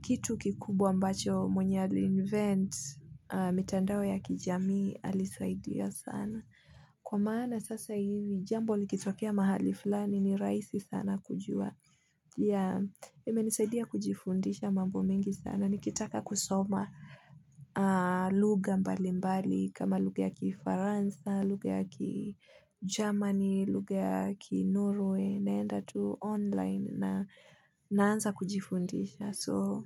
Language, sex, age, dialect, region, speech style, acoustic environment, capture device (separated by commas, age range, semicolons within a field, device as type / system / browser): Swahili, female, 25-34, Kenya - Nairobi Sheng-influenced, Kenya, focused, nature, mobile / Linux / Chrome